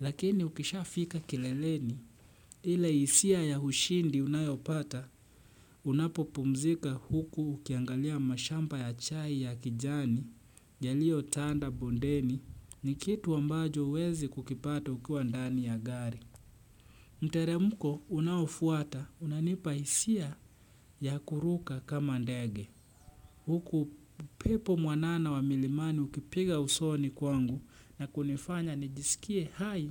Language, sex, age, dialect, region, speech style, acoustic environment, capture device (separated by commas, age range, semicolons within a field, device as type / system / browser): Swahili, male, 25-34, Kenya - Nairobi Sheng-influenced, Kenya, relaxed, silence, desktop / Windows / Chrome